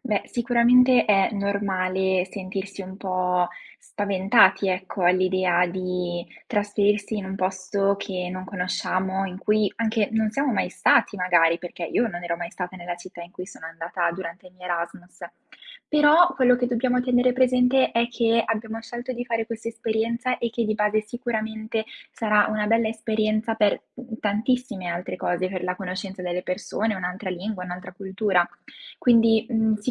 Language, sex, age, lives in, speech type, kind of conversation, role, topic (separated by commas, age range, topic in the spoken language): Italian, female, 20-24, Italy, conversation, podcast, guest, Come fai a sentirti al sicuro in una città nuova?